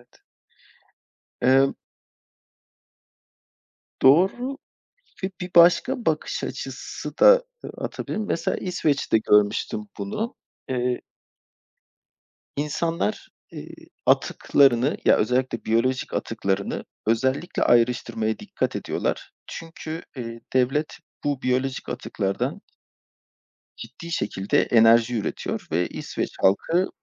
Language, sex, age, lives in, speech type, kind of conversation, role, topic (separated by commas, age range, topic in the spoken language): Turkish, male, 35-39, Poland, conversation, unstructured, none, Sence insanlar neden çevreyi korumakta zorlanıyor?